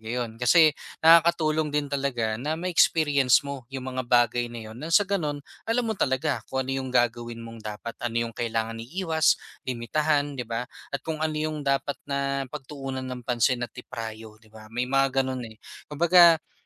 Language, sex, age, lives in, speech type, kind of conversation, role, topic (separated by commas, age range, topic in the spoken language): Filipino, male, 25-29, Philippines, conversation, advice, advisor, Paano ko mauunawaan ang pangmatagalang epekto ng mga desisyong paulit-ulit na nakakasama sa akin?